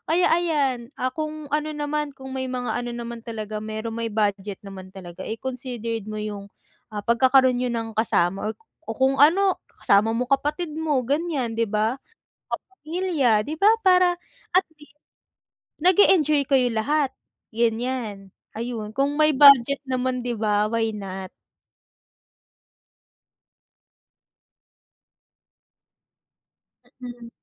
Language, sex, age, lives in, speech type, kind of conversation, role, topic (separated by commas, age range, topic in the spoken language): Filipino, female, 20-24, Philippines, conversation, advice, advisor, Paano ko maibsan ang stress habang naglalakbay sa malayo?